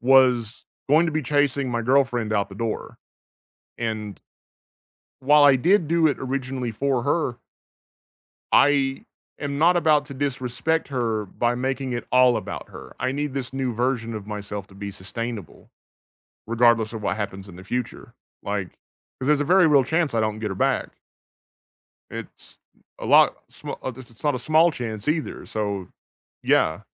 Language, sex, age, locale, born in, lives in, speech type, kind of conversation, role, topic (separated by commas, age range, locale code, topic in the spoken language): English, male, 30-34, en-US, United States, United States, conversation, unstructured, none, Is it okay to persuade someone for their own good?